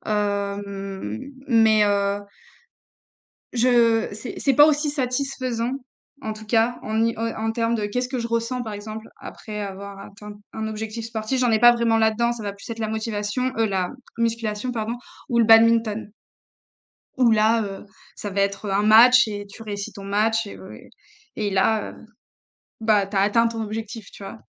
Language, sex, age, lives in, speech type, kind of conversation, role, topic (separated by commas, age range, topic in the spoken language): French, female, 25-29, France, conversation, unstructured, none, Que ressens-tu après avoir atteint un objectif sportif ?